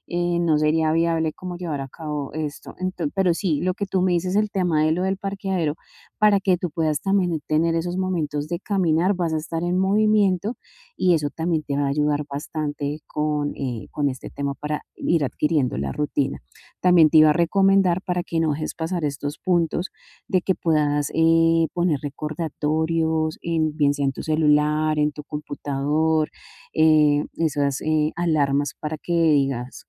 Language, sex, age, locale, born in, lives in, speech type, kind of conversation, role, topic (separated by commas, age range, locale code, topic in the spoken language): Spanish, female, 40-44, es-CO, Colombia, Spain, conversation, advice, advisor, ¿Cómo puedo crear hábitos sostenibles de movimiento diario sin sentirme agotado?